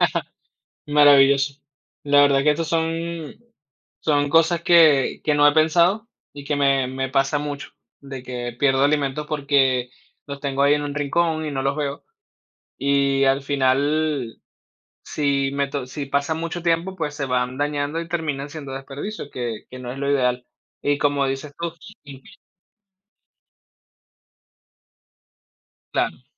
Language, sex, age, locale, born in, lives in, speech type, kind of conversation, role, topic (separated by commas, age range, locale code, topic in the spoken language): Spanish, male, 30-34, es-VE, Venezuela, Spain, conversation, podcast, host, ¿Qué haces para mantener la nevera organizada y evitar el desperdicio de alimentos?